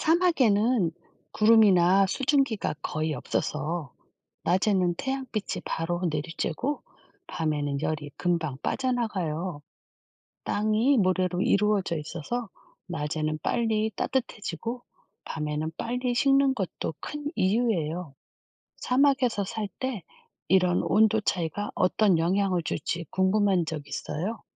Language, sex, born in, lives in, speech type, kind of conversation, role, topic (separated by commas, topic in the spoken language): Korean, female, South Korea, South Korea, read, expert-assistant, expert, 왜 사막에는 낮과 밤의 온도 차이가 큰가요?